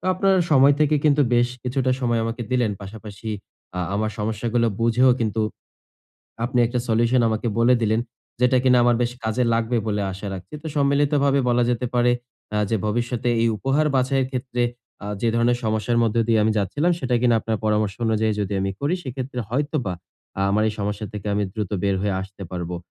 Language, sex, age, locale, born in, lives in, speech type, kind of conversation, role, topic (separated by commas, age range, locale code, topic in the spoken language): Bengali, male, 20-24, bn-BD, Bangladesh, Bangladesh, conversation, advice, user, আমি কারো জন্য কী উপহার নেব, তা কীভাবে ঠিক করব?